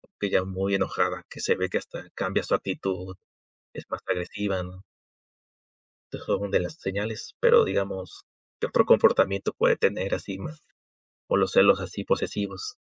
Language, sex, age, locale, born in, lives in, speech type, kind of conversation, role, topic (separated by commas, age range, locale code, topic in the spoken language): Spanish, male, 20-24, es-MX, Mexico, Mexico, conversation, unstructured, none, ¿Qué señales indican que una relación es tóxica?